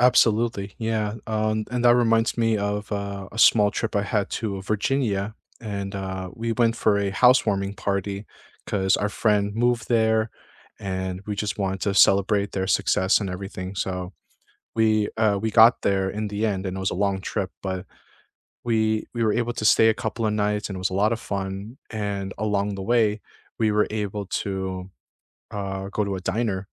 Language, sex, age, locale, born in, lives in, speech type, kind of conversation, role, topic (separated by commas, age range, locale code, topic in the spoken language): English, male, 25-29, en-US, United States, United States, conversation, unstructured, none, What is the best way to meet locals when you travel?